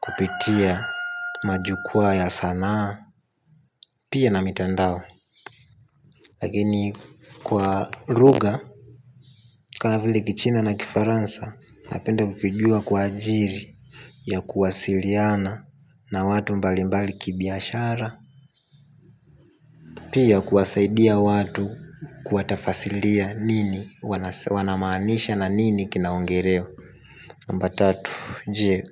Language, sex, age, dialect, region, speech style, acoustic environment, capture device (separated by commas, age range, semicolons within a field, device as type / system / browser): Swahili, male, 18-24, Tanzania - Dar es Salaam, Tanzania, happy, silence, mobile / Linux / Chrome